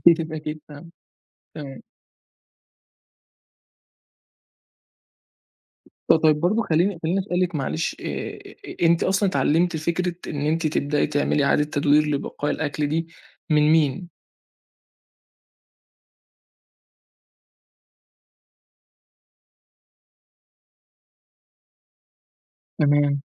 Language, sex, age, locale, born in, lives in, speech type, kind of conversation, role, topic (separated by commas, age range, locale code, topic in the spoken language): Arabic, male, 20-24, ar-EG, Egypt, Egypt, conversation, podcast, host, إزاي بتتعامل مع بواقي الأكل بدل ما ترميها؟